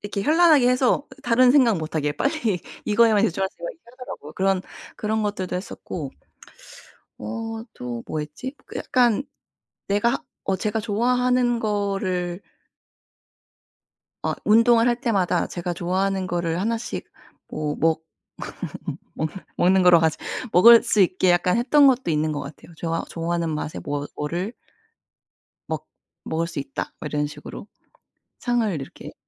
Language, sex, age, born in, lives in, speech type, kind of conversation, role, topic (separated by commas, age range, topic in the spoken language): Korean, female, 30-34, South Korea, United States, conversation, advice, advisor, 짧게라도 매일 꾸준히 하지 못하는 습관을 어떻게 하면 꾸준히 만들 수 있을까요?